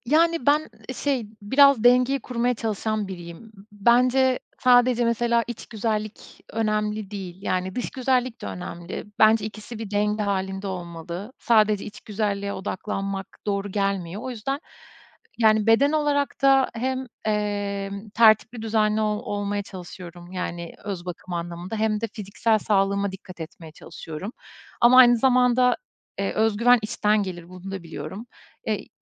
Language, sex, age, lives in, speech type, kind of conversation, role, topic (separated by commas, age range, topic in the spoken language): Turkish, female, 35-39, Estonia, conversation, podcast, guest, Özgüvenini artıran bir kıyafetin ya da ritüelin var mı?